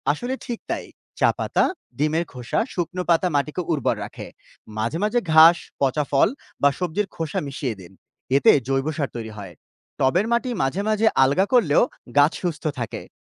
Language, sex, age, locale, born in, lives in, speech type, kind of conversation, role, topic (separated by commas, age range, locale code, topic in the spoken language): Bengali, male, 30-34, bn-BD, Bangladesh, Bangladesh, read, expert-assistant, expert, শহরের মধ্যে গাছপালা কমে যাওয়ায় পাখি বা প্রজাপতির সংখ্যা কমে যাচ্ছে—এটা ঠেকাতে আমার পক্ষে কী করা সম্ভব?